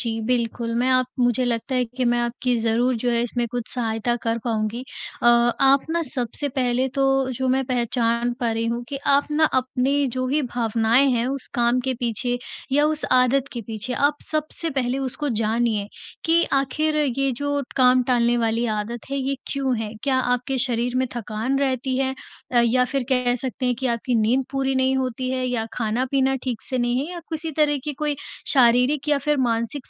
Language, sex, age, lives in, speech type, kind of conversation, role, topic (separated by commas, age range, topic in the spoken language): Hindi, female, 40-44, India, conversation, advice, advisor, मेरी आदतें क्यों नहीं बदलतीं और उनके पीछे कौन-सी भावनाएँ होती हैं?